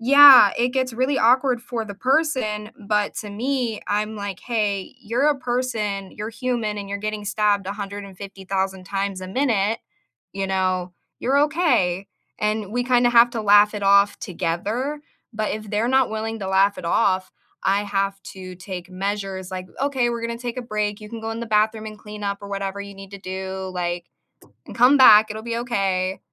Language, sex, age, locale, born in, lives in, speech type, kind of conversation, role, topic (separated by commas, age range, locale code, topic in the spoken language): English, female, 25-29, en-US, United States, United States, conversation, unstructured, none, When did you turn an awkward mistake into a joke, and what happened next?